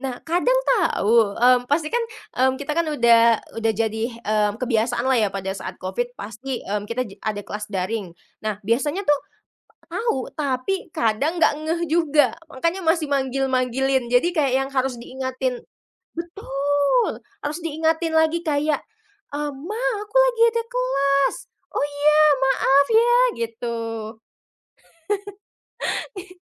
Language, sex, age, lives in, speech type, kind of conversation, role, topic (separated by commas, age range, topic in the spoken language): Indonesian, female, 25-29, Indonesia, conversation, podcast, guest, Bagaimana pengalamanmu belajar daring dibandingkan dengan belajar tatap muka?